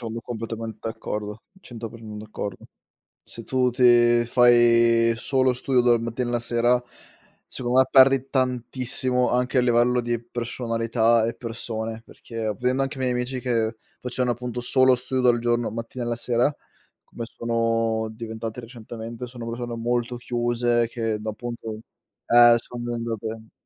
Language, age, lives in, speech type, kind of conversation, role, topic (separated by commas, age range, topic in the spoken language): Italian, 25-29, Italy, conversation, unstructured, none, Come gestisci il tuo tempo tra lo studio e il tempo libero?